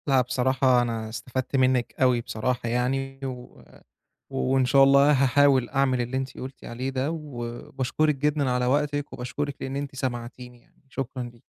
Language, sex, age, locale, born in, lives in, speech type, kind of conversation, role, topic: Arabic, male, 25-29, ar-EG, Egypt, Egypt, conversation, advice, user, إزاي أقدر ألتزم بخطة طويلة المدى وأفضل مكمل من غير ما أفقد الدافع؟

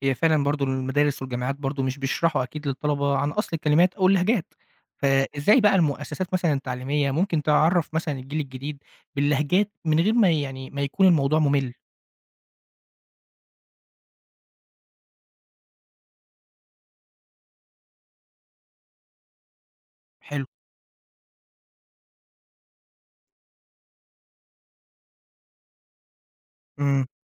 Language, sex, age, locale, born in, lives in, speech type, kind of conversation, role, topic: Arabic, male, 20-24, ar-EG, Egypt, Egypt, read, expert-assistant, asker, إيه الأفكار الجديدة اللي ممكن تساعد في الحفاظ على اللهجات المصرية القديمة من الاندثار؟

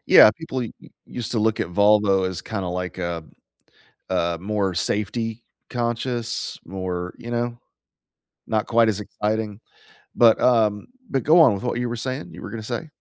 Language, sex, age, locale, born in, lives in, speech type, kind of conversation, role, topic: English, male, 40-44, en-US, United States, United States, conversation, unstructured, none, What hobby are you most excited to start this year?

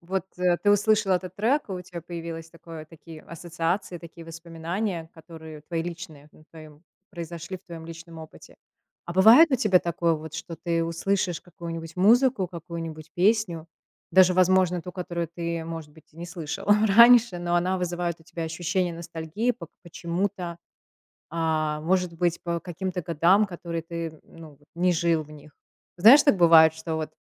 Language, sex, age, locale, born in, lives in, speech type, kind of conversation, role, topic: Russian, female, 35-39, ru-RU, Russia, United States, conversation, podcast, host, Что заставляет тебя ностальгировать при прослушивании трека?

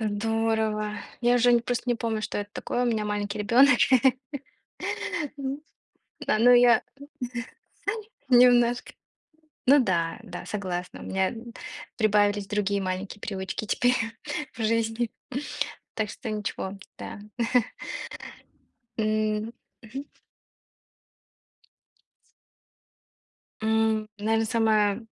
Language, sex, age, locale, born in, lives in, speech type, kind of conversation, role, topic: Russian, female, 25-29, ru-RU, Russia, United States, conversation, unstructured, none, Какие привычки ты считаешь важными для поддержания хорошего настроения?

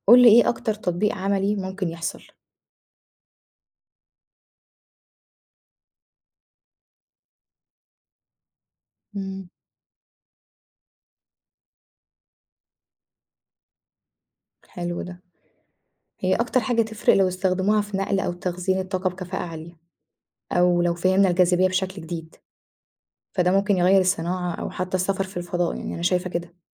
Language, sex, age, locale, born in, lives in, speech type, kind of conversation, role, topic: Arabic, female, 25-29, ar-EG, Egypt, Egypt, read, expert-assistant, asker, إيه معنى 'المادة المظلمة' في الكون؟ وإزاي العلماء عرفوا بوجودها؟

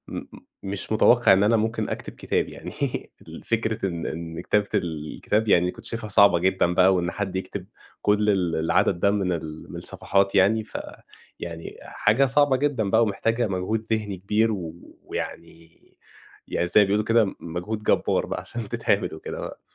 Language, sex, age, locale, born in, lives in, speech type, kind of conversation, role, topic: Arabic, male, 20-24, ar-EG, Egypt, Egypt, conversation, podcast, guest, إيه أحلى تجربة قضّيت فيها وقتك وإنت مستمتع؟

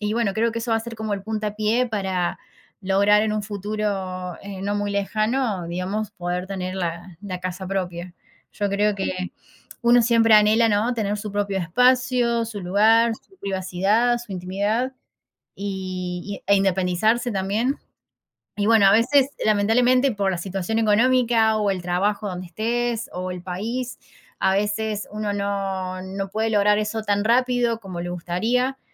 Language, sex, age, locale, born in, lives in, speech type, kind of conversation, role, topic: Spanish, female, 30-34, es-AR, Argentina, Netherlands, conversation, unstructured, none, ¿Qué te gustaría lograr antes de cumplir 30 años?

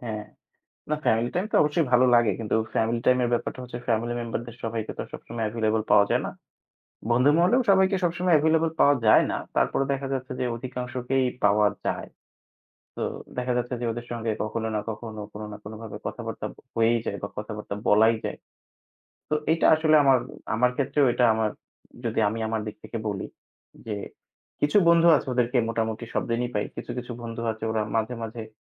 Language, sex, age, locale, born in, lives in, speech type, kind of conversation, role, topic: Bengali, male, 25-29, bn-BD, Bangladesh, Bangladesh, conversation, unstructured, none, কোন কাজ করলে আপনি সবচেয়ে বেশি আনন্দ পান?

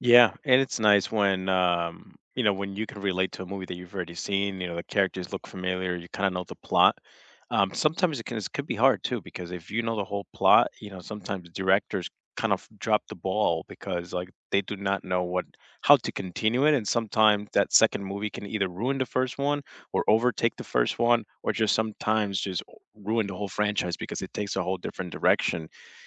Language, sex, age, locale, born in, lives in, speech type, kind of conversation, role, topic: English, male, 25-29, en-US, United States, United States, conversation, unstructured, none, What was the first movie you saw in a theater that completely blew your mind?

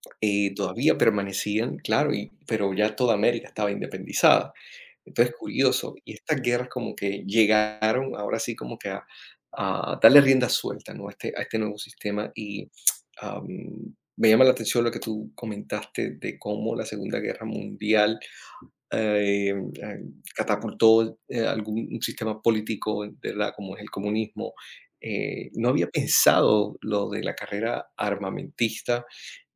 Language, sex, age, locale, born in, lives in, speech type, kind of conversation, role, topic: Spanish, male, 30-34, es-CO, Colombia, United States, conversation, unstructured, none, ¿Qué evento histórico crees que cambió el mundo para siempre?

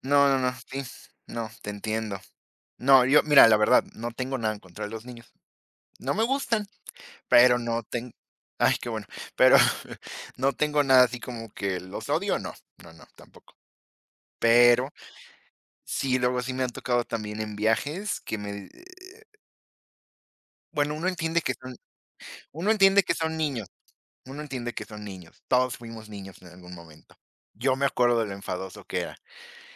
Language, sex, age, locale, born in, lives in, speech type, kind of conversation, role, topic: Spanish, female, 20-24, es-MX, Mexico, Mexico, conversation, unstructured, none, ¿Te parece que los viajeros a veces son demasiado exigentes o groseros?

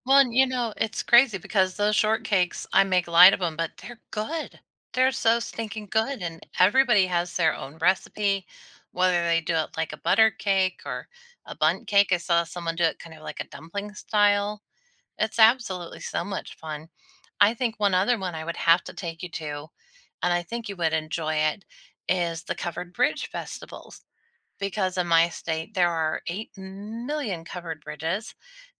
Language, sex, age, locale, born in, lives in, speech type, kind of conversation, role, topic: English, female, 40-44, en-US, United States, United States, conversation, unstructured, none, What hidden gems in your hometown would you recommend to help me experience your city like a local?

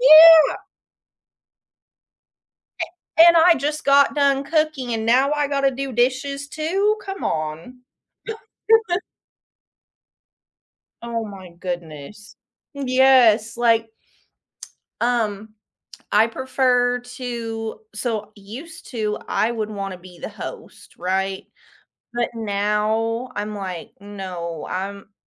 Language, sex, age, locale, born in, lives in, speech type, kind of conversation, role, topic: English, female, 30-34, en-US, United States, United States, conversation, unstructured, none, Would you rather have a day of absolute freedom or a day of absolute comfort?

